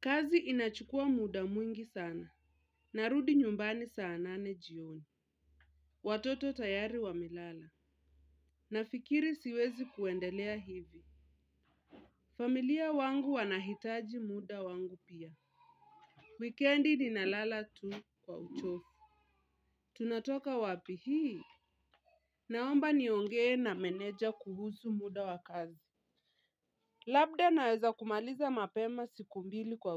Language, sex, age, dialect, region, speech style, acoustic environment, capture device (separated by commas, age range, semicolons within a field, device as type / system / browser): Swahili, female, 25-34, Kenya - Nairobi Sheng-influenced, Kenya, relaxed, nature, mobile / Linux / Chrome